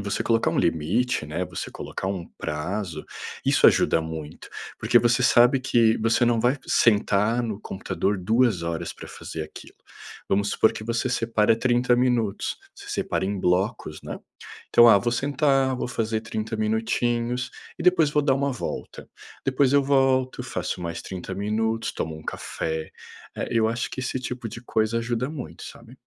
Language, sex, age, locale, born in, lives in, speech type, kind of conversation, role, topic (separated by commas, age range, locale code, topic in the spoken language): Portuguese, male, 30-34, pt-BR, Brazil, Portugal, conversation, advice, advisor, Como posso manter o foco em uma única tarefa por mais tempo?